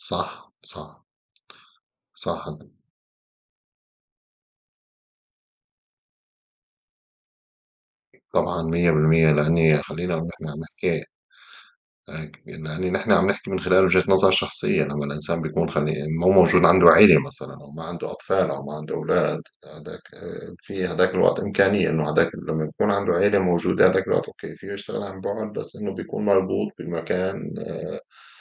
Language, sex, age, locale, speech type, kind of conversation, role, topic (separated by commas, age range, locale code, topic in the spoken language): Arabic, male, 35-39, ar-SY, conversation, unstructured, none, هل إنت شايف إن الشغل عن بُعد مفيد ولا مضر؟